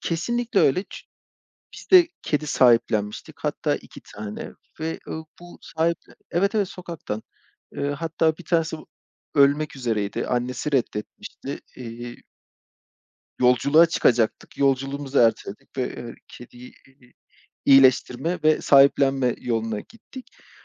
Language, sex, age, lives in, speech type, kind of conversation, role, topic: Turkish, male, 35-39, Poland, conversation, unstructured, none, Sokak hayvanlarına yardım etmek hakkında ne düşünüyorsun?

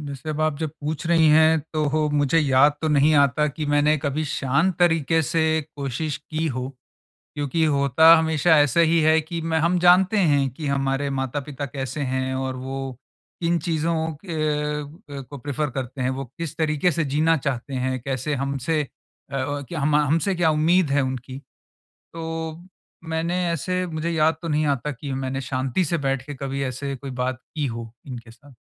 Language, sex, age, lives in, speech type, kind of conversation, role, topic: Hindi, male, 45-49, India, conversation, advice, user, हम पालन-पोषण से जुड़े फैसलों पर माता-पिता के बीच संवाद कैसे बेहतर बना सकते हैं?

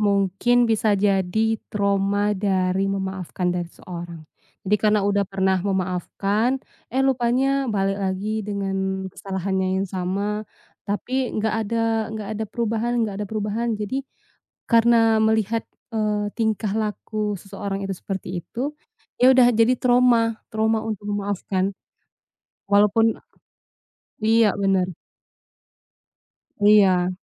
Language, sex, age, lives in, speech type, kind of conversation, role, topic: Indonesian, female, 25-29, Indonesia, conversation, unstructured, none, Mengapa sulit memaafkan orang yang telah menyakiti Anda?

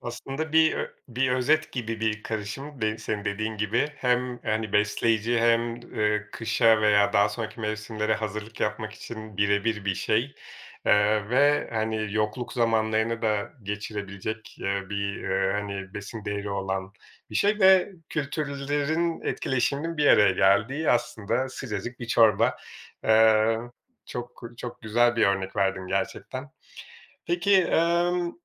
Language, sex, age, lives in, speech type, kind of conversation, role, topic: Turkish, male, 40-44, Portugal, conversation, podcast, host, Yemek paylaşımı kültürler arasında bağları nasıl güçlendirir?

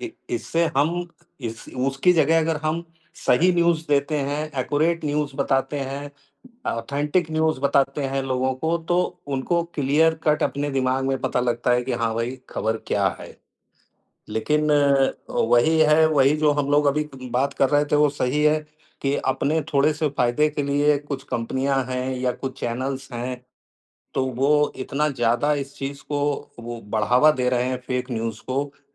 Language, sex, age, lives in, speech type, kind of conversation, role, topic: Hindi, male, 55-59, India, conversation, unstructured, none, क्या आपको लगता है कि आजकल झूठी खबरें ज़्यादा फैल रही हैं?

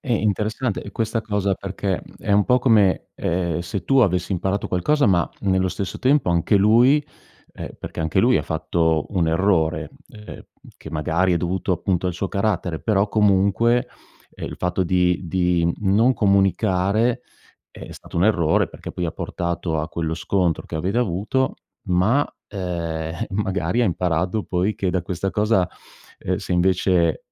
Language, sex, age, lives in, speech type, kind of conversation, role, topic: Italian, male, 50-54, Italy, conversation, podcast, host, Puoi raccontarmi di una volta in cui hai sbagliato e hai imparato molto?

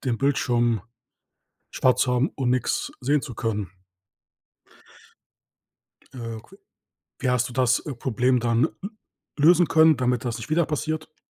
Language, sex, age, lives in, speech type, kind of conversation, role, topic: German, male, 30-34, Germany, conversation, podcast, host, Welche Technikpanne wirst du nie vergessen?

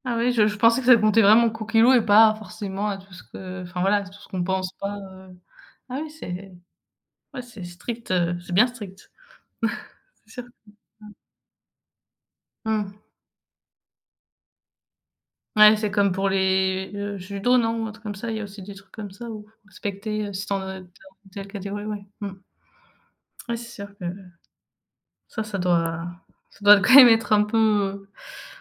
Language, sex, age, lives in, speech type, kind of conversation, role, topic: French, female, 20-24, France, conversation, unstructured, none, Quels bienfaits ressens-tu après une séance de sport ?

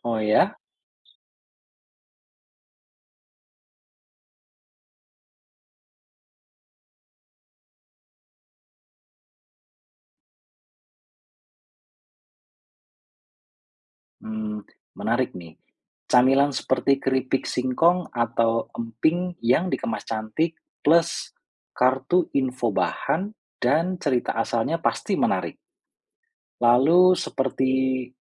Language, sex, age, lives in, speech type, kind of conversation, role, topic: Indonesian, male, 35-39, Indonesia, read, expert-assistant, asker, Menurut kamu, seperti apa cara kreatif memadukan budaya lokal dengan layanan hotel biar wisatawan makin betah?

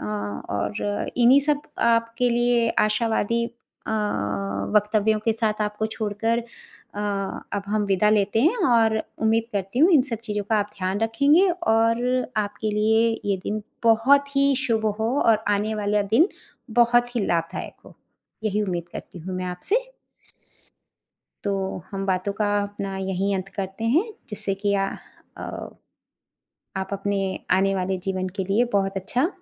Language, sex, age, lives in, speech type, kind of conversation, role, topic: Hindi, female, 45-49, India, conversation, advice, advisor, मैं घर की याद और अकेलापन कैसे कम कर सकता/सकती हूँ?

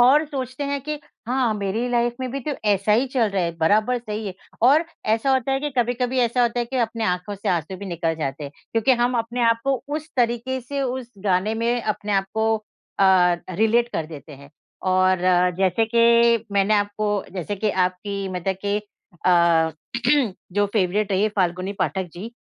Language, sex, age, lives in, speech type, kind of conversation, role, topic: Hindi, female, 50-54, India, conversation, unstructured, none, आपको कौन-सा गाना बार-बार सुनना अच्छा लगता है?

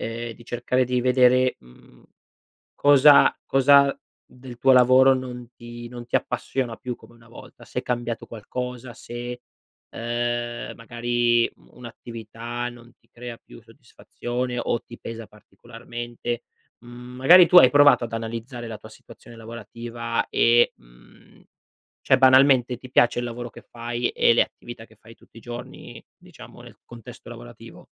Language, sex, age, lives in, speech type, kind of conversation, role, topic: Italian, male, 25-29, Italy, conversation, advice, advisor, Come fai a procrastinare regolarmente su responsabilità importanti?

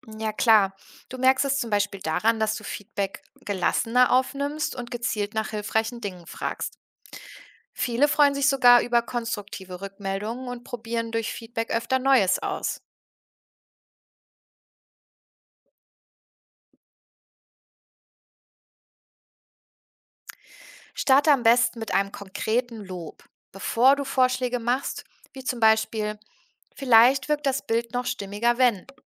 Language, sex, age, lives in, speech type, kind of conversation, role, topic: German, female, 30-34, Germany, read, expert-assistant, expert, Kennst du Plattformen, auf denen man digitale Kunst nicht nur sehen, sondern auch mit anderen diskutieren kann?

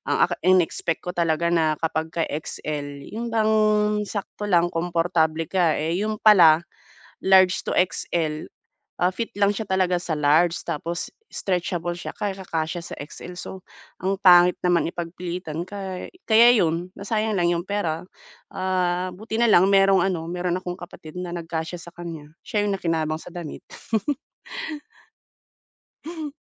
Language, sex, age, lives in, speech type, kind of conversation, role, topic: Filipino, female, 30-34, Philippines, conversation, advice, user, Paano ko malalaman ang tamang sukat ng damit para sa akin?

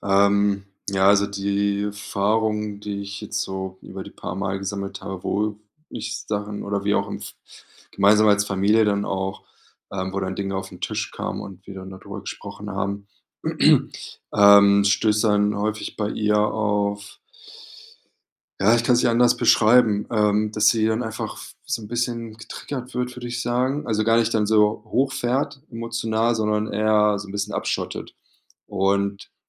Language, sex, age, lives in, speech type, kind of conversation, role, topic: German, male, 30-34, Germany, conversation, advice, user, Wie kann ich wiederkehrende Streitigkeiten und Kommunikationsprobleme zwischen meinen Geschwistern verringern?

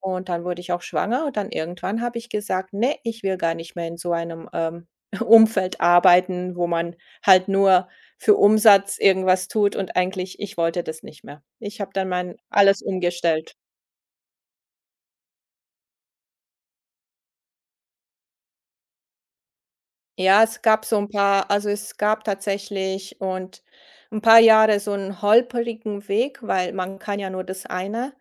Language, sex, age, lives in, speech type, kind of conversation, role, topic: German, female, 50-54, Germany, conversation, podcast, guest, Was bedeutet Work-Life-Balance für dich konkret?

